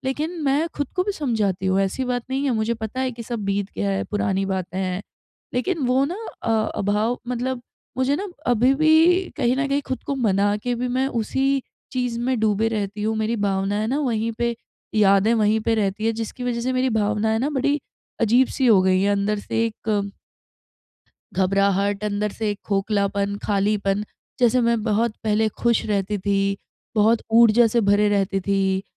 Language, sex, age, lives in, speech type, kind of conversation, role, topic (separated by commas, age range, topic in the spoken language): Hindi, female, 30-34, India, conversation, advice, user, मैं अपनी खोई हुई भावनाओं को स्वीकार करके आगे कैसे बढ़ूं?